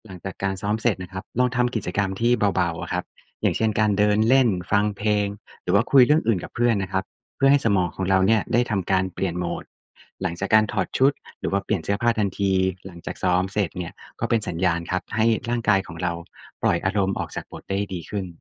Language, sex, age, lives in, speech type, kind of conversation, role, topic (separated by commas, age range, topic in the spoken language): Thai, male, 35-39, Thailand, read, expert-assistant, expert, ทำอย่างไรถึงจะพัฒนาทักษะการแสดงให้ดูเป็นธรรมชาติและน่าติดตาม